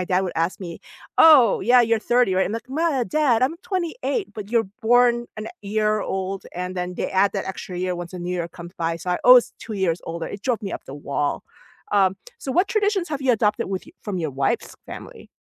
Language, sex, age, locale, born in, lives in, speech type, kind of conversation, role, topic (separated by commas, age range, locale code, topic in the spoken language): English, female, 45-49, en-US, South Korea, United States, conversation, unstructured, none, Which family or cultural tradition have you kept, why does it matter, and how does it shape you?